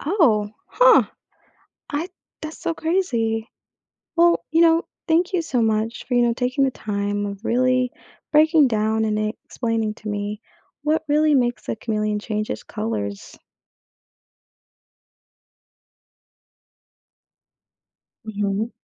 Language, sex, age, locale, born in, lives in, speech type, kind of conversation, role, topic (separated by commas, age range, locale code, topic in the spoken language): English, female, 25-29, en-US, United States, United States, read, expert-assistant, asker, What makes a chameleon change its color?